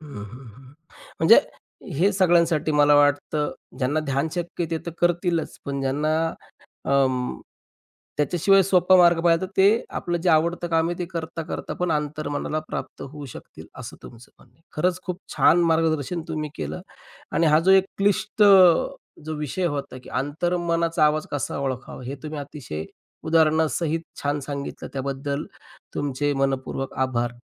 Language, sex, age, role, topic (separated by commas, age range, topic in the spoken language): Marathi, male, 35-39, host, तू तुझ्या अंतर्मनाचा आवाज कसा ओळखतोस?